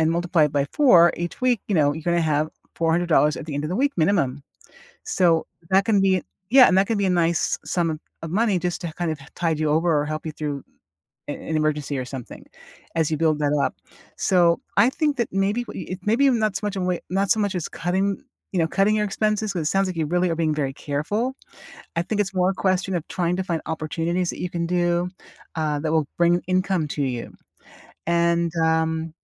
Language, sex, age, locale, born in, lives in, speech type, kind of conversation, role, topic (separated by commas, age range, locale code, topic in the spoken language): English, female, 60-64, en-US, United States, United States, conversation, advice, advisor, How can I lower my monthly expenses without missing out on things I enjoy?